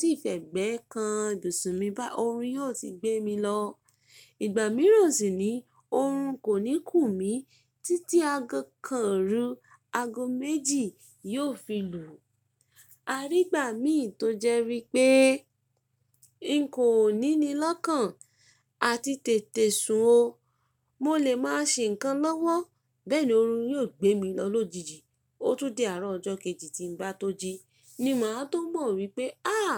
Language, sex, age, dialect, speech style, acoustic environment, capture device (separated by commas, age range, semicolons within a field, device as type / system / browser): Yoruba, female, 25-34, Nigeria - Ibadan, tired, nature, mobile / Linux / Chrome